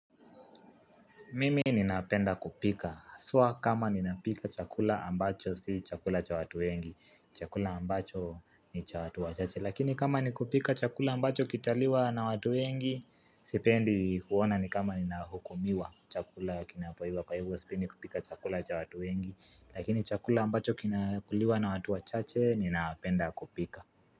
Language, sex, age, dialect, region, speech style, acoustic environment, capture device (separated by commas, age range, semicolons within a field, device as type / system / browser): Swahili, male, 18-24, Kenya - Nairobi Sheng-influenced, Kenya, relaxed, nature, mobile / Linux / Chrome